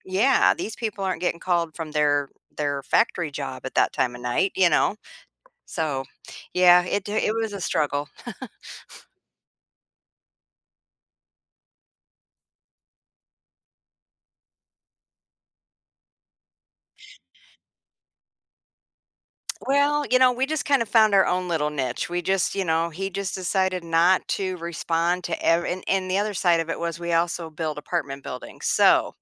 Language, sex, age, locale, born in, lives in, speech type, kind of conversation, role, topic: English, female, 55-59, en-US, United States, United States, conversation, podcast, guest, What factors make it challenging to maintain a healthy balance between work and personal life?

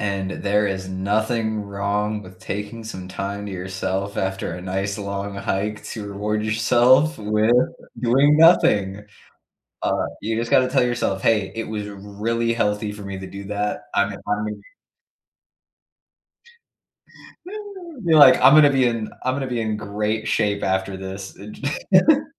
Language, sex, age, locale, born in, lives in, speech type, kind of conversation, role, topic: English, male, 25-29, en-US, United States, United States, conversation, unstructured, none, Which outdoor places restore your mind, and how can we enjoy them or learn from them together?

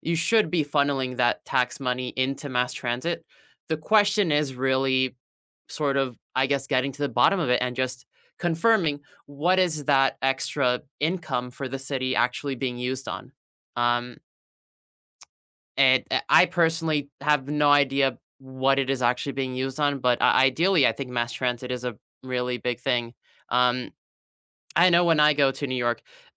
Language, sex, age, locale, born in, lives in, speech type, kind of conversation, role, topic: English, male, 20-24, en-US, United States, United States, conversation, unstructured, none, Could banning cars in city centers reduce emissions and promote equity, or would it unfairly burden everyday commuters?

